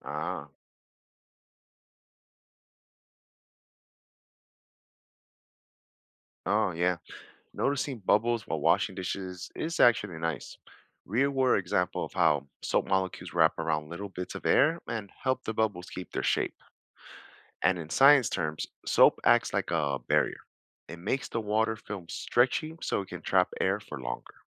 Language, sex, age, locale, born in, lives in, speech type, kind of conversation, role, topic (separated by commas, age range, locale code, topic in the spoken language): English, male, 40-44, en-US, United States, United States, read, expert-assistant, expert, Why do bubbles form in soapy water?